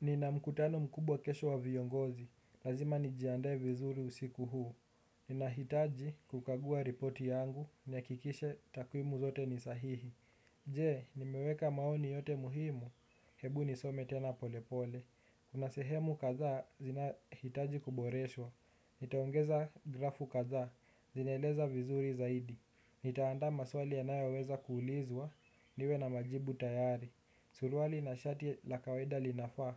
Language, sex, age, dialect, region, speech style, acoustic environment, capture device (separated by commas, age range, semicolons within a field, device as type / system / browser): Swahili, male, 18-24, Kenya - Nairobi Sheng-influenced, Kenya, relaxed, nature; people talking, desktop / Windows / Chrome